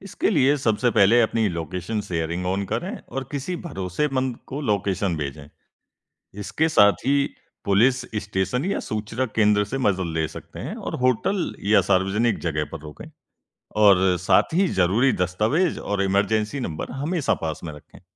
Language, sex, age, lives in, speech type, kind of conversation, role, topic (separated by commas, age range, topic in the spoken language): Hindi, male, 20-24, India, read, expert-assistant, expert, अगर आपकी यात्रा की सारी योजना अचानक बदल जाए (जैसे फ्लाइट कैंसिल हो जाए या मौसम खराब हो), तो इन चुनौतियों से निपटने के व्यावहारिक तरीके क्या हैं और कौन से स्टेप्स तुरंत लेने चाहिए?